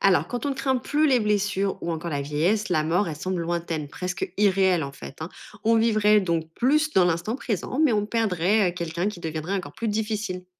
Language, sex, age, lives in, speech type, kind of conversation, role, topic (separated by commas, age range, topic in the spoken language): French, female, 30-34, France, read, expert-assistant, expert, Et si notre corps pouvait s’auto-réparer comme une voiture, qu’est-ce qui changerait dans nos vies ?